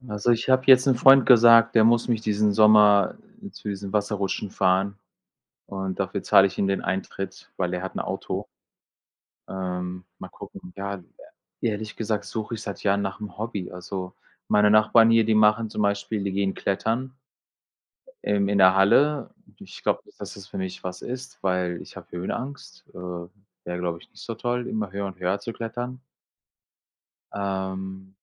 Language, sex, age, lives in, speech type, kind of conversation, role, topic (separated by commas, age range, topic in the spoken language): German, male, 45-49, Germany, conversation, unstructured, none, Wie verbringst du deine Freizeit am liebsten?